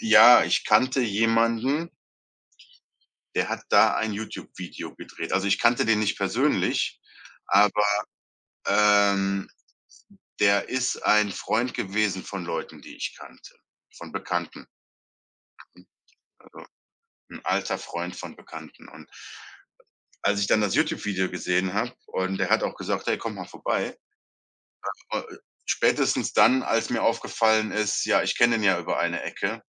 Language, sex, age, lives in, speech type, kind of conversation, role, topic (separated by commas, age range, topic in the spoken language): German, male, 35-39, Germany, conversation, podcast, guest, Welche versteckten Orte sollten Besucher unbedingt einmal sehen?